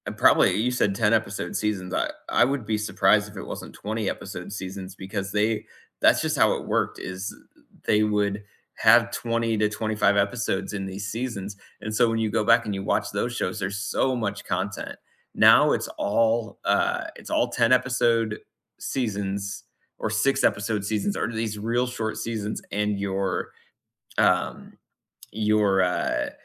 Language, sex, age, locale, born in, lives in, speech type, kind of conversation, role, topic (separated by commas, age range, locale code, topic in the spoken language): English, male, 35-39, en-US, United States, United States, conversation, unstructured, none, Which movie scenes do you rewatch for comfort or inspiration, and what makes them special to you?